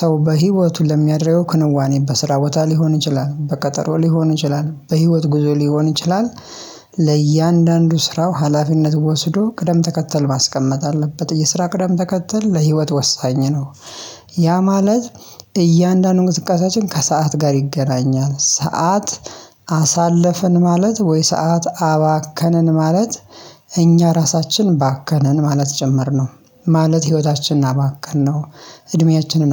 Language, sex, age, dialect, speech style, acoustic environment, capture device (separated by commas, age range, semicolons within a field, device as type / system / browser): Amharic, male, 25-34, Ethiopia - Addis Ababa, happy, silence, mobile / Linux / Chrome